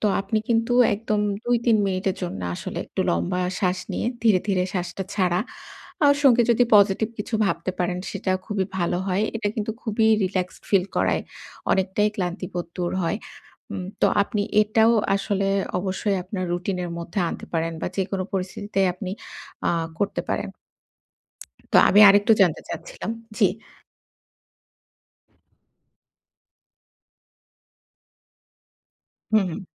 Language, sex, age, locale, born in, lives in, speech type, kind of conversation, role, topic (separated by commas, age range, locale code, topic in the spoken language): Bengali, female, 30-34, bn-BD, Bangladesh, Bangladesh, conversation, advice, advisor, আমি কীভাবে ব্যায়াম ও বিশ্রামের মধ্যে ভালো ভারসাম্য রাখব?